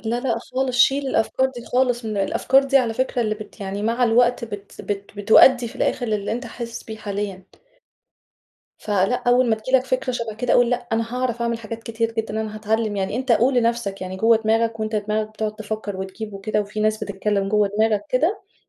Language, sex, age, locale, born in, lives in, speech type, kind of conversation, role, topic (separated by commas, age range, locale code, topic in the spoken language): Arabic, female, 35-39, ar-EG, Egypt, Egypt, conversation, advice, advisor, إزاي أتعامل مع قلق المستقبل لما أحس بعدم اليقين ومش عارف إيه اللي هيحصل؟